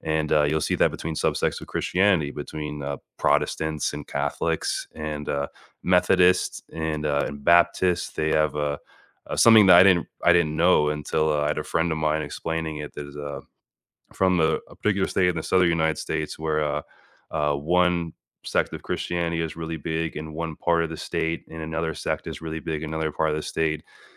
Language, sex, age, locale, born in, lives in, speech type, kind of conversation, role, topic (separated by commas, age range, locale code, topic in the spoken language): English, male, 30-34, en-US, United States, United States, conversation, unstructured, none, What are some unexpected similarities between different religions?